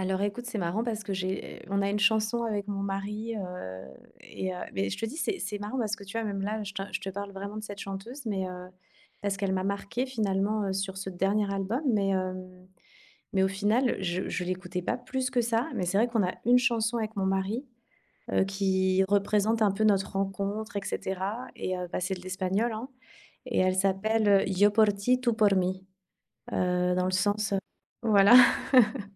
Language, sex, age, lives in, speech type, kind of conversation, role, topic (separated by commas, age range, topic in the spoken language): French, female, 40-44, Spain, conversation, podcast, guest, Quelle langue, dans les chansons, te touche le plus, et pourquoi ?